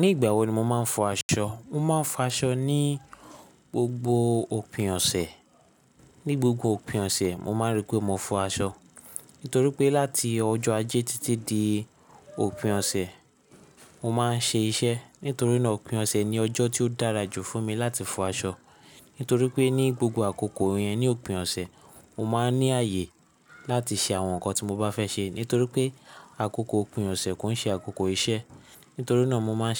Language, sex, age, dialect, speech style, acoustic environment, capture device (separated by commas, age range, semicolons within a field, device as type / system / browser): Yoruba, male, 25-34, Nigeria - Lagos Yoruba, happy, silence, mobile / Linux / Chrome